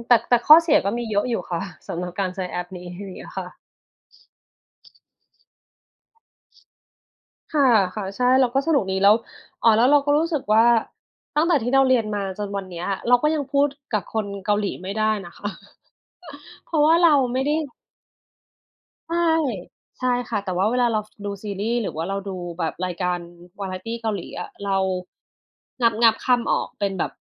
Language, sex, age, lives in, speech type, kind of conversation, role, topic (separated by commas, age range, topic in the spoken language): Thai, female, 40-44, Thailand, conversation, unstructured, none, อะไรคือสิ่งที่ทำให้คุณรู้สึกภูมิใจเกี่ยวกับการเรียนครั้งล่าสุดของคุณ?